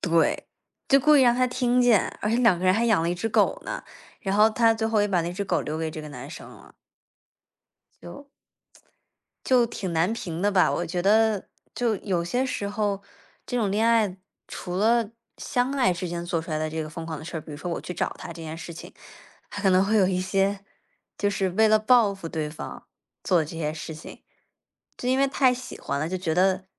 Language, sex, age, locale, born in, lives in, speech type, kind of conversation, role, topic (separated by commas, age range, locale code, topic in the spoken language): Chinese, female, 20-24, zh-CN, China, United States, conversation, unstructured, none, 你有没有因为恋爱做过什么疯狂的事？